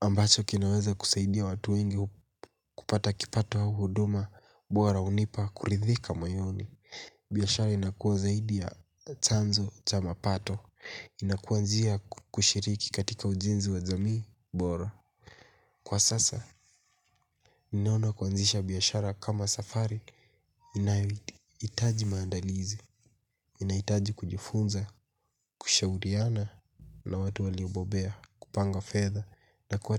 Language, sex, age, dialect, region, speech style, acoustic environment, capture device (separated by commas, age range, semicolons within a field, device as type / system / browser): Swahili, male, 18-24, Kenya - Mombasa, Kenya, happy, nature, mobile / Linux / Chrome